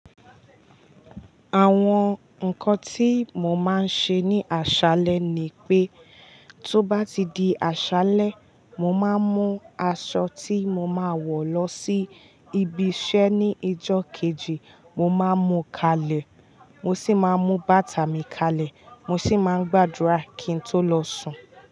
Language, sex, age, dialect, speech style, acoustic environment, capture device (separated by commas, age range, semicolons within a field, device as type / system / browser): Yoruba, female, 18-24, Nigeria - Ibadan, happy; relaxed, nature, mobile / Linux / Chrome